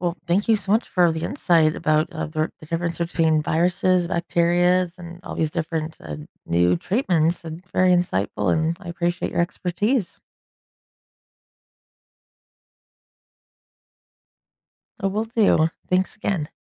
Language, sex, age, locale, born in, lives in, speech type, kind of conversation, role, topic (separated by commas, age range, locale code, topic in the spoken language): English, female, 40-44, en-US, United States, United States, read, expert-assistant, asker, What is the difference between viruses and bacteria?